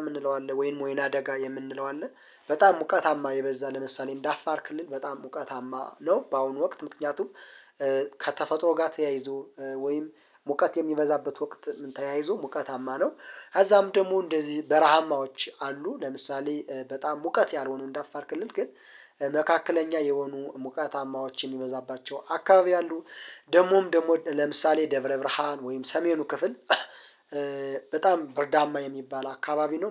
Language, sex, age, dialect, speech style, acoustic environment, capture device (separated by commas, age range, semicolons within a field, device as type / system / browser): Amharic, male, 25-34, Ethiopia - Gondar, relaxed, nature, mobile / Linux / Chrome